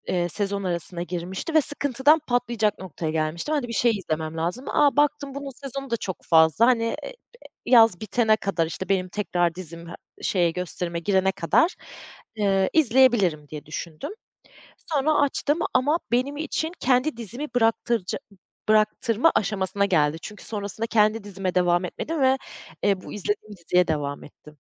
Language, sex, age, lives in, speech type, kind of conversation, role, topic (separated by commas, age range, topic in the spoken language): Turkish, female, 30-34, Germany, conversation, podcast, guest, İzlediğin bir şey hayata bakışını değiştirdi mi?